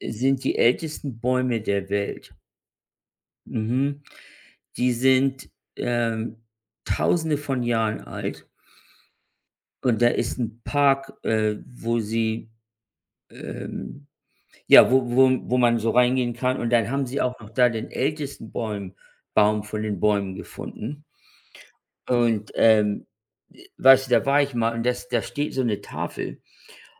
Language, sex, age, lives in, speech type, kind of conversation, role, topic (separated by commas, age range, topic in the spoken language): German, male, 55-59, United States, conversation, unstructured, none, Was macht die Natur für dich besonders schön?